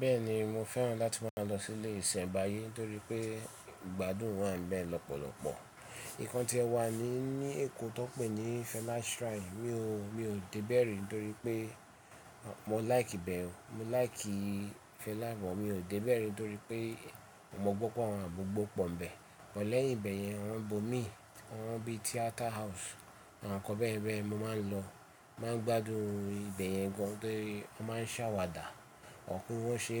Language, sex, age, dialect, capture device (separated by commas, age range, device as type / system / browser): Yoruba, male, 35-44, Nigeria - Lagos Yoruba, mobile / Linux / Chrome